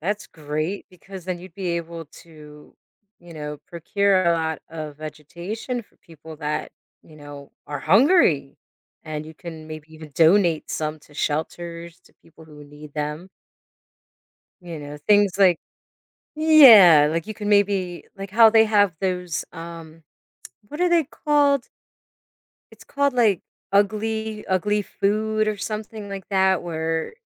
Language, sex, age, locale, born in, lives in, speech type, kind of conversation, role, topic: English, female, 40-44, en-US, United States, United States, conversation, unstructured, none, Would you rather be able to talk to animals or make plants grow on command?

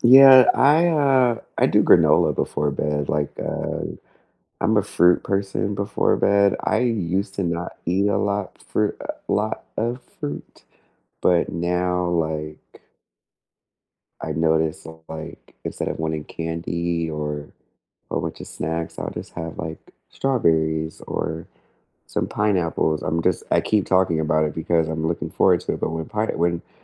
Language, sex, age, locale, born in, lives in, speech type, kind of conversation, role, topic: English, other, 30-34, en-US, United States, United States, conversation, unstructured, none, What sleep tweaks and bedtime routines have actually worked for you?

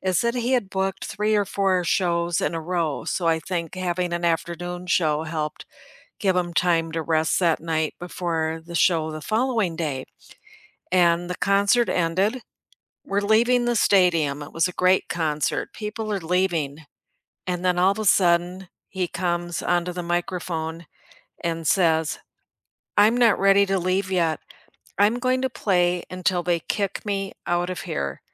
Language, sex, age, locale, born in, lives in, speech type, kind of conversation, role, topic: English, female, 65-69, en-US, United States, United States, conversation, unstructured, none, What is the best live performance you have seen, either on stage or on screen?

